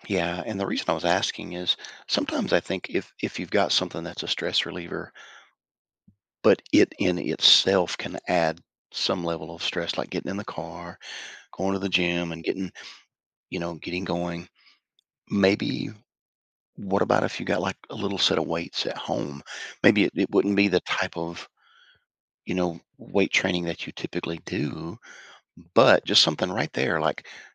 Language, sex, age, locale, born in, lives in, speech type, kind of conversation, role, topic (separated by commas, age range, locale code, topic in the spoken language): English, male, 55-59, en-US, United States, United States, conversation, advice, advisor, How can I manage daily responsibilities without letting stress take over?